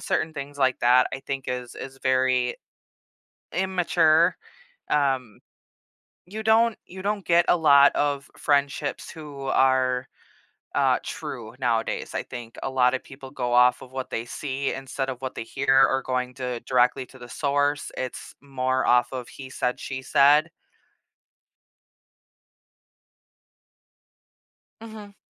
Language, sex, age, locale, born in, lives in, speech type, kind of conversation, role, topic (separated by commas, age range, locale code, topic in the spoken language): English, female, 30-34, en-US, United States, United States, conversation, podcast, guest, Why do misunderstandings and disagreements often arise between friends?